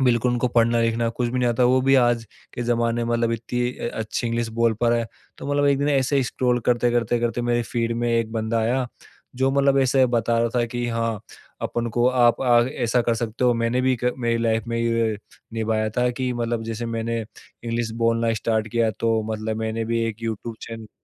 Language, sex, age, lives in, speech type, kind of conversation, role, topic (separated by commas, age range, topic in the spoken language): Hindi, male, 25-29, India, conversation, podcast, guest, Tumne khud se koi nayi kala kaise seekhna shuru kiya tha?